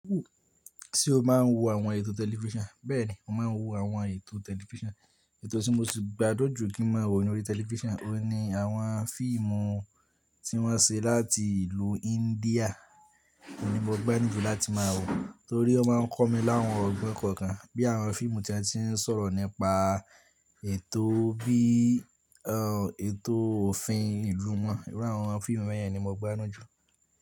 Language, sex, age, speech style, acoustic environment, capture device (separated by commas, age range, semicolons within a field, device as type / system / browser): Yoruba, male, 18-24, relaxed; tired, people talking, mobile / Linux / Chrome